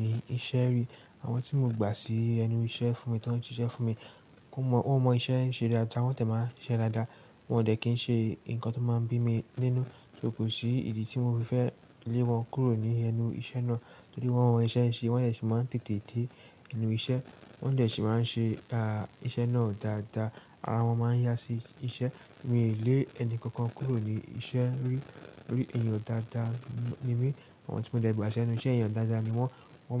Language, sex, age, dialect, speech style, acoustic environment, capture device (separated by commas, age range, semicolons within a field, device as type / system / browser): Yoruba, male, 18-24, Nigeria - Lagos Yoruba, excited; focused, silence, desktop / Linux / Chrome